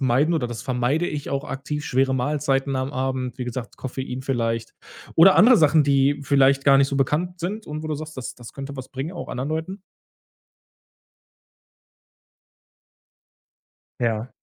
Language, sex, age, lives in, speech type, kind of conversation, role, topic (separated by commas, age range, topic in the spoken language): German, male, 30-34, Germany, conversation, podcast, host, Wie gelingt dir regelmäßiger und erholsamer Schlaf?